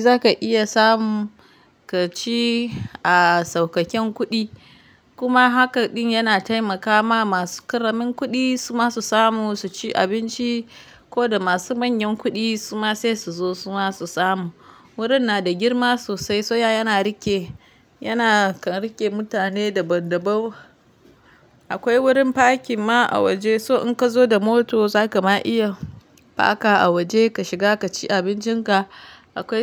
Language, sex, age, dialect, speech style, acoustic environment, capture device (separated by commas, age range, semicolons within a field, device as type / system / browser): Hausa, female, 25-34, Nigeria - Kano, happy; relaxed; focused, silence, mobile / Linux / Chrome